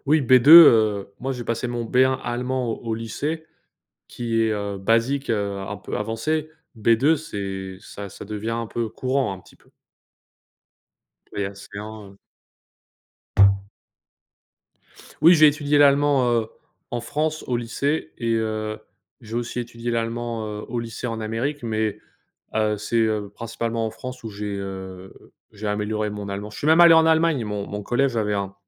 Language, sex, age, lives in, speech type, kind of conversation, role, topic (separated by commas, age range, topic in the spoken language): French, male, 25-29, United States, conversation, unstructured, none, Comment votre culture perçoit-elle l’apprentissage des langues ?